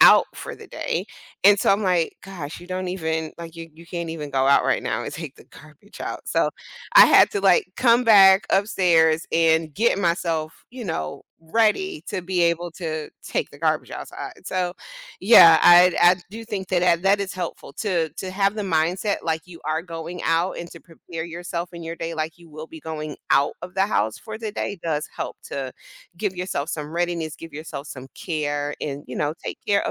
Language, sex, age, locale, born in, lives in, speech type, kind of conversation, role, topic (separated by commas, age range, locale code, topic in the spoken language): English, female, 45-49, en-US, United States, United States, conversation, podcast, guest, How can small daily habits help us manage stress more effectively?